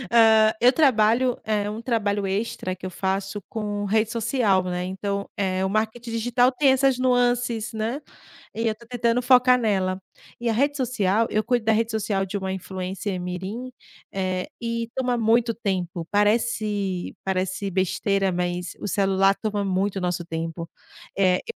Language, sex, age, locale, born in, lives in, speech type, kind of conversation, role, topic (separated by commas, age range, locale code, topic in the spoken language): Portuguese, female, 35-39, pt-BR, Brazil, Portugal, conversation, podcast, guest, Como você lida com a procrastinação nos estudos?